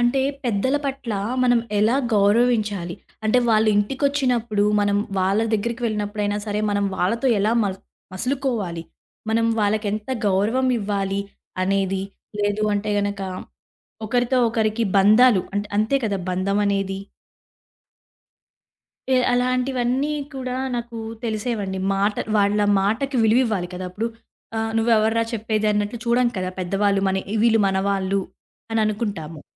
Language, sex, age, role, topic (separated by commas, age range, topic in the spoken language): Telugu, female, 20-24, guest, మీ పెంపకంలో ఎవరు ఎక్కువగా ప్రభావం చూపించేవారు?